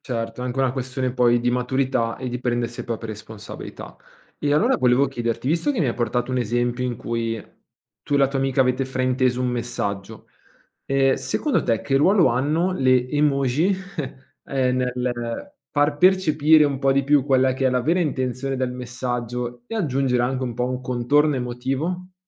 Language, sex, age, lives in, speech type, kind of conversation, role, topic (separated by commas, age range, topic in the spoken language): Italian, male, 25-29, Italy, conversation, podcast, host, Perché due persone possono interpretare in modo diverso lo stesso messaggio?